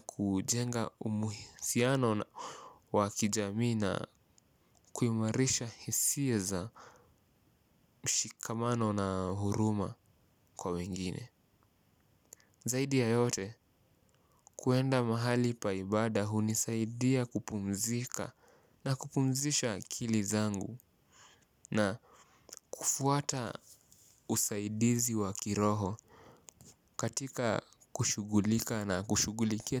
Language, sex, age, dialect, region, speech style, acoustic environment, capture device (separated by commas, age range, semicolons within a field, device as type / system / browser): Swahili, male, 18-24, Kenya - Mombasa, Kenya, relaxed, silence, mobile / Linux / Chrome